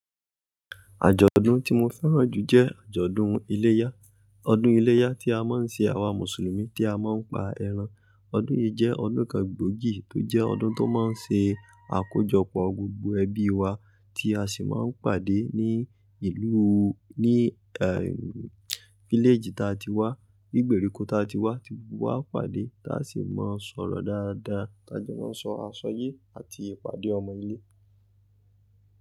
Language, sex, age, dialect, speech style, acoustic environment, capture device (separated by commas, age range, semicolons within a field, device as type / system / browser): Yoruba, male, 25-34, Nigeria - Ibadan, happy, people talking, mobile / Linux / Chrome